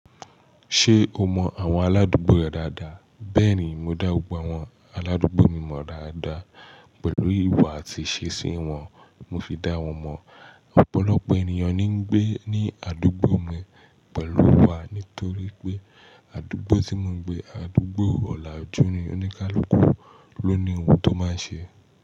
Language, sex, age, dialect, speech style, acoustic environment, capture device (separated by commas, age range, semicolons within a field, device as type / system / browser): Yoruba, male, 18-24, Nigeria - Nigerian Standard, focused, silence, mobile / Linux / Chrome